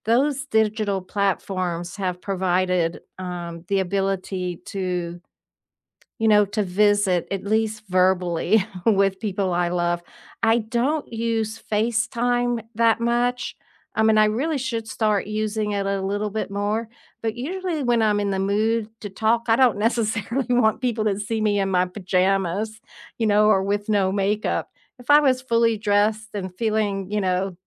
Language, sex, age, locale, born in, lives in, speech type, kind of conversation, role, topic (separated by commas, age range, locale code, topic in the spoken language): English, female, 70-74, en-US, United States, United States, conversation, unstructured, none, How do you balance digital and face-to-face communication with the people you love?